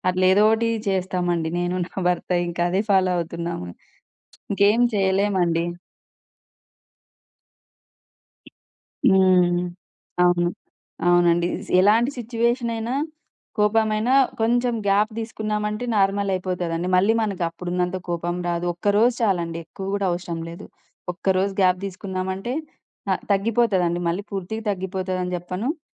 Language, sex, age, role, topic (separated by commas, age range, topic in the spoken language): Telugu, female, 30-34, guest, కోపం వచ్చినప్పుడు మాటలను ఎలా అదుపులో ఉంచుకుంటారు?